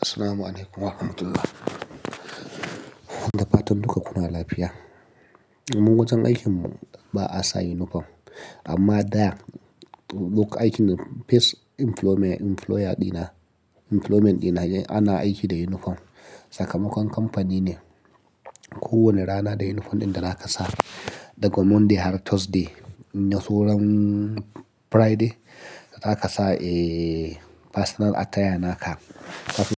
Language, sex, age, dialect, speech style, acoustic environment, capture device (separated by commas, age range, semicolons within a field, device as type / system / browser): Hausa, male, 35-44, Nigeria - Nigerian Standard, happy, silence, mobile / Linux / Chrome